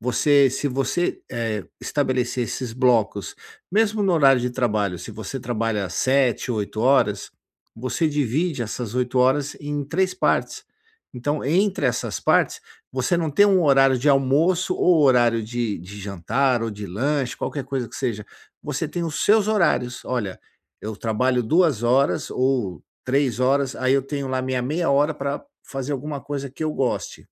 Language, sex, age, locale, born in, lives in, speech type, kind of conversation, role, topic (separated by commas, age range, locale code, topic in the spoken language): Portuguese, male, 50-54, pt-BR, Brazil, United States, conversation, advice, advisor, Como posso conciliar o trabalho com tempo para os meus interesses?